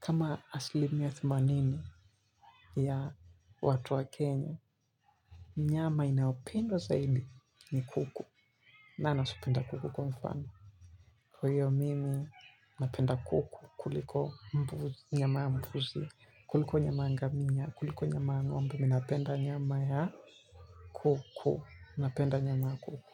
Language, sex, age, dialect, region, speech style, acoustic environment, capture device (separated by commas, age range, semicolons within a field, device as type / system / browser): Swahili, male, 18-24, Kenya - Mombasa, Kenya, relaxed, people talking; music, mobile / Linux / Chrome